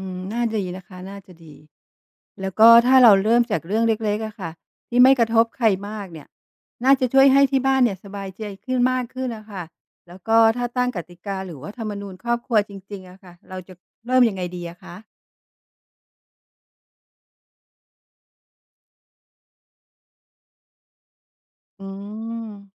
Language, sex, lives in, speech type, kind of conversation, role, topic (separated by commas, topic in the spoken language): Thai, female, Thailand, read, expert-assistant, asker, คนไทยนิยมทำธุรกิจแบบครอบครัวเพราะอะไร และวิธีคิดนี้มีผลต่อกลยุทธ์ยังไง